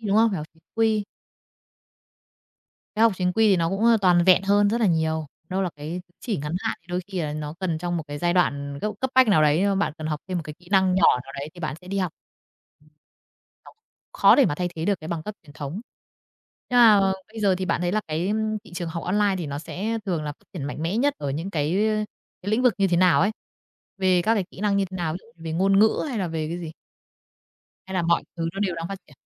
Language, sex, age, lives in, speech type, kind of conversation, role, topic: Vietnamese, female, 30-34, Vietnam, conversation, podcast, host, Bạn nghĩ việc học trực tuyến sẽ phát triển như thế nào trong vài năm tới?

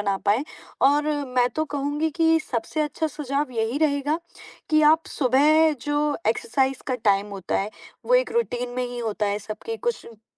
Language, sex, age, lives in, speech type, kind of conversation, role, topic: Hindi, female, 20-24, India, conversation, advice, advisor, घर बदलने के बाद नए इलाके में जुड़ने में क्या मुश्किलें आ रही हैं?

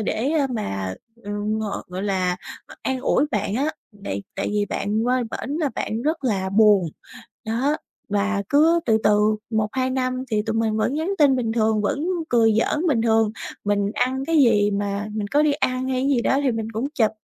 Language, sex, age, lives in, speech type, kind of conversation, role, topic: Vietnamese, female, 20-24, Vietnam, conversation, advice, user, Bạn nên làm gì để nối lại quan hệ với một người bạn cũ sau thời gian xa cách?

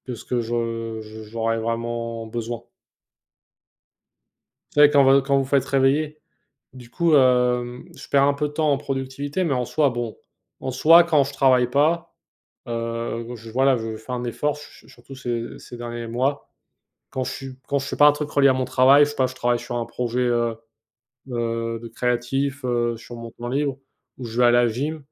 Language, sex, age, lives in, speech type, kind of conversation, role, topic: French, male, 25-29, United States, conversation, unstructured, none, Quelle est votre approche pour équilibrer votre vie professionnelle et votre vie personnelle ?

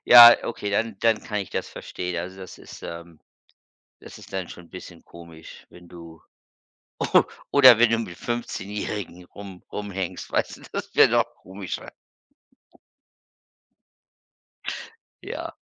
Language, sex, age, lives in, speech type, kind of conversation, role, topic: German, male, 55-59, United States, conversation, unstructured, none, Wie beeinflussen soziale Medien unser Zusammenleben?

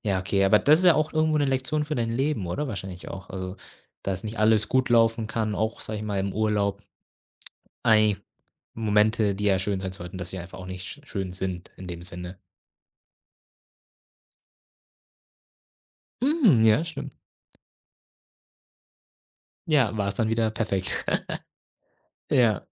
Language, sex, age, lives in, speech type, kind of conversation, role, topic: German, male, 25-29, Germany, conversation, podcast, host, Was hast du beim Reisen über dich selbst gelernt?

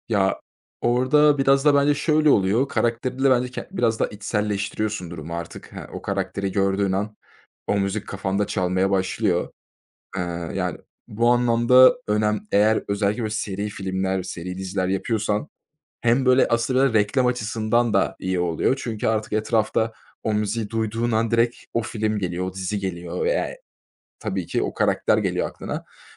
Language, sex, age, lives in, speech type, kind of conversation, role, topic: Turkish, male, 25-29, Germany, conversation, podcast, guest, Bir filmin müziği hikâyeyi nasıl etkiler?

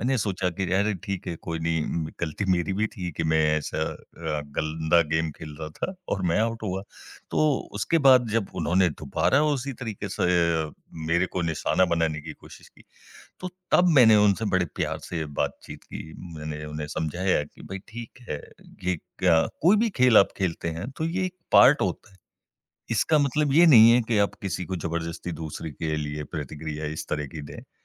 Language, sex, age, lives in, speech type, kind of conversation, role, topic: Hindi, male, 40-44, India, conversation, podcast, guest, आप किसी की गलती पर कैसे प्रतिक्रिया देते हैं?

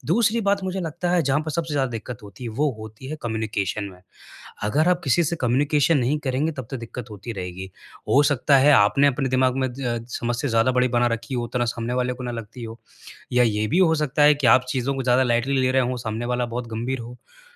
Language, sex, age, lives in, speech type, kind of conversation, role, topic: Hindi, male, 25-29, India, conversation, advice, advisor, पेशेवर गलती के बाद मैं अपने बॉस, टीम या ग्राहक का भरोसा दोबारा कैसे बना सकता हूँ?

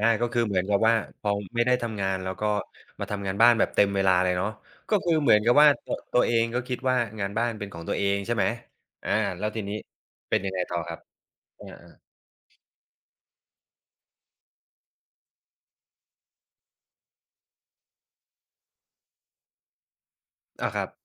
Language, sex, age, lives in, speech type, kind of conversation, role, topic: Thai, male, 35-39, Thailand, conversation, podcast, host, คุณคิดอย่างไรเกี่ยวกับการแบ่งงานบ้านระหว่างคนรักในชีวิตประจำวัน?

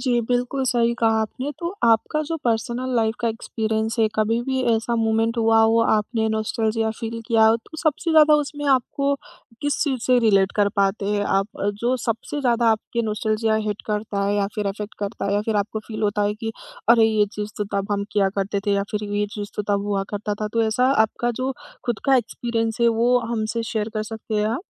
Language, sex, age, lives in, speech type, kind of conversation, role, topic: Hindi, female, 20-24, India, conversation, podcast, host, Nostalgia ka use karke logon ke saath connection kaise banta hai?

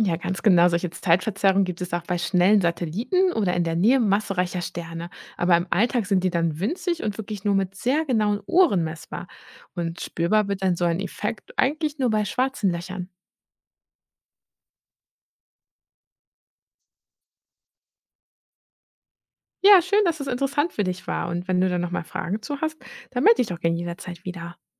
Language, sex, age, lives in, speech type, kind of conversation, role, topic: German, female, 30-34, Germany, read, expert-assistant, expert, Kann man den Medienberichten über schwarze Löcher trauen, oder werden wissenschaftliche Erkenntnisse oft falsch dargestellt?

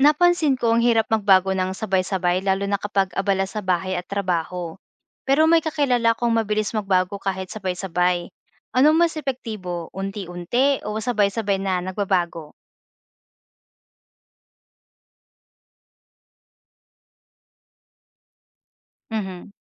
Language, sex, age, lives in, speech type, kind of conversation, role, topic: Filipino, female, 25-29, Philippines, read, expert-assistant, asker, Aling uri ng pagplano ang mas hiyang para sa self-improvement: unti-unti o sabay-sabay na pagbabago?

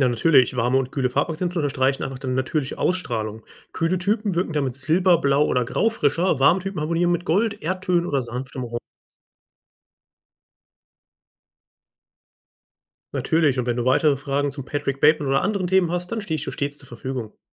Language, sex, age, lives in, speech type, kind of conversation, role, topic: German, male, 25-29, Germany, read, expert-assistant, expert, Wie kann ich im Alltag meinen eigenen Stil zeigen, ohne gegen die Kleiderordnung im Büro zu verstoßen?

